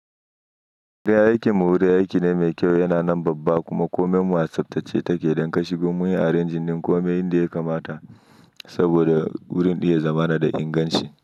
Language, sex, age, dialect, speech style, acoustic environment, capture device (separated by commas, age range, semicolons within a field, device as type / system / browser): Hausa, male, 25-34, Nigeria - Nigerian Standard, happy, nature; silence, mobile / Linux / Chrome